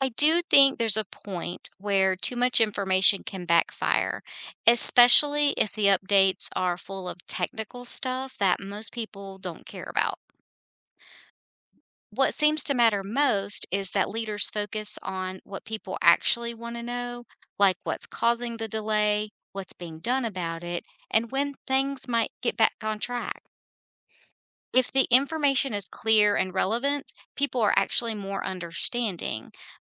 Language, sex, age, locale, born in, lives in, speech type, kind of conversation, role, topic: English, female, 50-54, en-US, United States, United States, read, expert-assistant, asker, How should needs be prioritized in economic development projects?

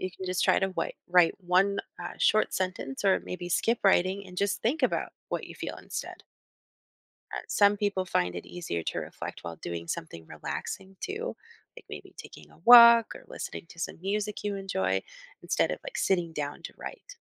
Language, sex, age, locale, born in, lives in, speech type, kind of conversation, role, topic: English, female, 30-34, en-US, United States, United States, read, expert-assistant, expert, What role does self-reflection play in personal growth?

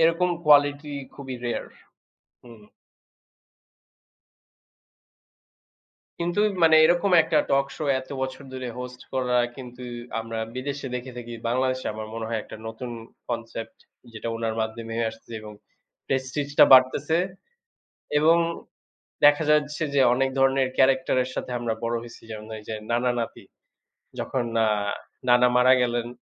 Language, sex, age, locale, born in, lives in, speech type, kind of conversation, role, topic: Bengali, male, 25-29, bn-BD, Bangladesh, Bangladesh, conversation, unstructured, none, টেলিভিশন অনুষ্ঠান আমাদের সমাজকে কীভাবে প্রভাবিত করে?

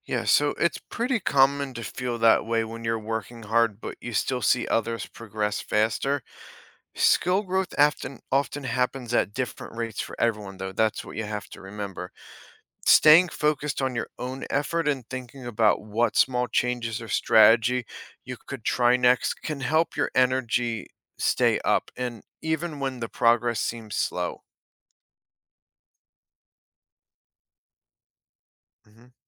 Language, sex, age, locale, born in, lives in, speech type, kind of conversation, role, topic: English, male, 35-39, en-US, United States, United States, read, expert-assistant, expert, How can you develop a growth mindset?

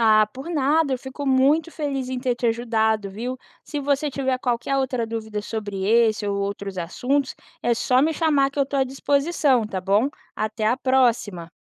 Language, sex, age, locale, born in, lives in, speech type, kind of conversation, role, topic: Portuguese, female, 25-29, pt-BR, Brazil, United States, read, expert-assistant, expert, Existe um jeito simples de ouvir alunos, pais e professores antes de criar regras novas na educação?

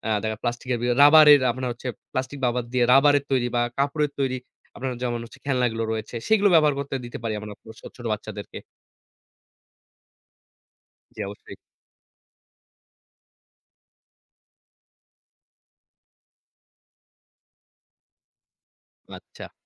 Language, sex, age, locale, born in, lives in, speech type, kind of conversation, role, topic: Bengali, male, 25-29, bn-BD, Bangladesh, Bangladesh, conversation, podcast, guest, প্লাস্টিক ব্যবহারে আমাদের কী বদল আনতে হবে?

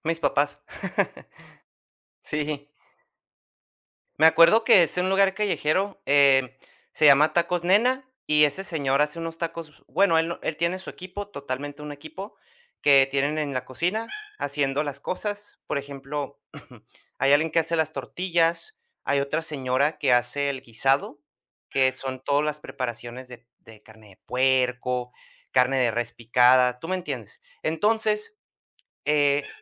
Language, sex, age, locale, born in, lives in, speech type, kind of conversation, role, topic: Spanish, male, 30-34, es-US, United States, United States, conversation, podcast, guest, ¿Qué comida callejera te abrió la mente?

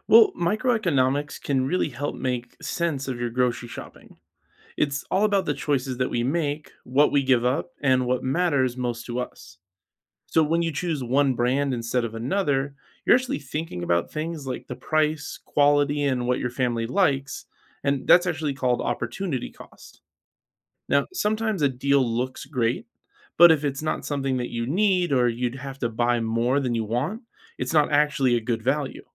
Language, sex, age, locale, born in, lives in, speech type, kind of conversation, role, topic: English, male, 35-39, en-US, United States, United States, read, expert-assistant, expert, How can I use microeconomics principles to make smarter grocery shopping decisions and explain them to my kids?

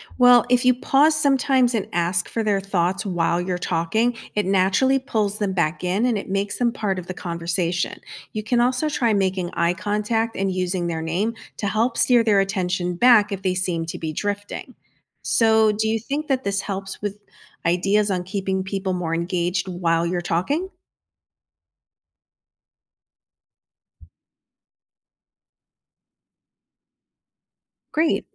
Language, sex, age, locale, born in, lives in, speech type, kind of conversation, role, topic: English, female, 50-54, en-US, United States, United States, read, expert-assistant, expert, How can you develop better listening skills?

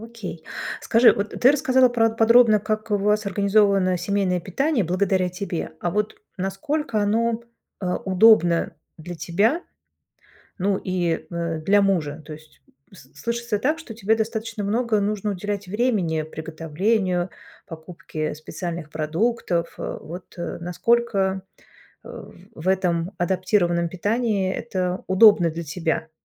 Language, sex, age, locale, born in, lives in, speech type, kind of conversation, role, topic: Russian, female, 45-49, ru-RU, Russia, Germany, conversation, podcast, host, Как вы организуете семейные приёмы пищи так, чтобы всем было удобно?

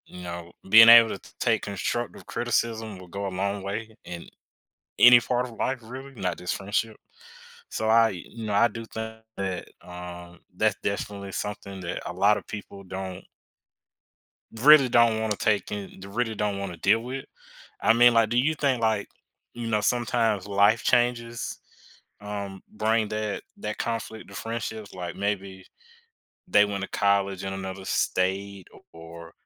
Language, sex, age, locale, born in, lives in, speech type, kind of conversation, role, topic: English, male, 25-29, en-US, United States, United States, conversation, podcast, host, What factors most often lead to misunderstandings or tension between friends?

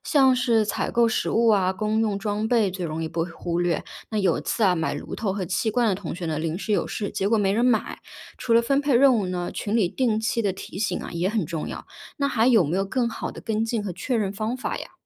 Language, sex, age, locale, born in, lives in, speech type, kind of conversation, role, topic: Chinese, female, 30-34, zh-CN, China, United States, read, expert-assistant, asker, 你觉得计划一次理想的徒步或露营行程时，有哪些实用的方法或步骤可以帮助大家更顺利地出行？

